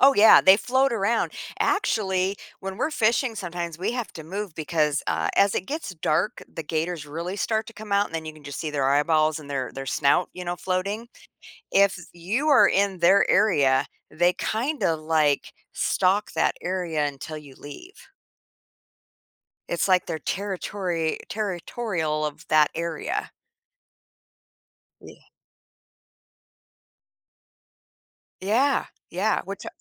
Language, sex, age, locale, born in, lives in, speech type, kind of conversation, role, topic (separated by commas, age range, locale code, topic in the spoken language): English, female, 55-59, en-US, United States, United States, conversation, podcast, guest, How have your travels pushed you out of your comfort zone?